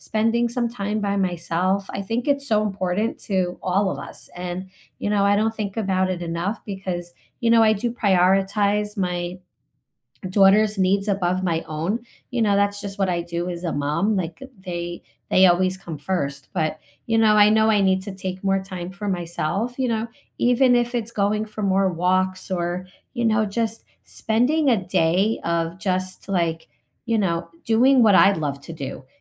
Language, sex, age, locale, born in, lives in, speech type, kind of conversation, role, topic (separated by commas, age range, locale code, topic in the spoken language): English, female, 45-49, en-US, United States, United States, conversation, unstructured, none, What is one small joy from your week that you are grateful for?